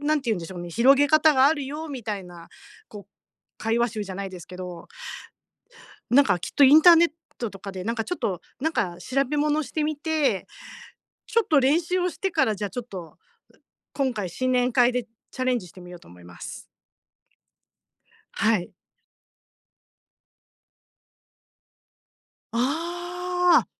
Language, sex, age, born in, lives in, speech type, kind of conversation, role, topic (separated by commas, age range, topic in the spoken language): Japanese, female, 50-54, Japan, Japan, conversation, advice, user, 大人数の集まりで楽に過ごすにはどうすればいいですか？